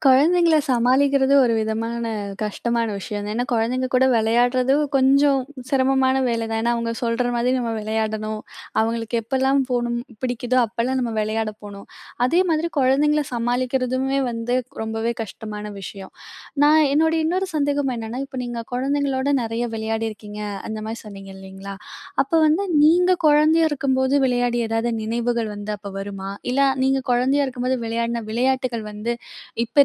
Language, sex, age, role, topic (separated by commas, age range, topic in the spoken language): Tamil, female, 20-24, host, குழந்தைப் பருவத்தில் நீங்கள் விளையாடிய நினைவுகளைப் பற்றி சொல்ல முடியுமா?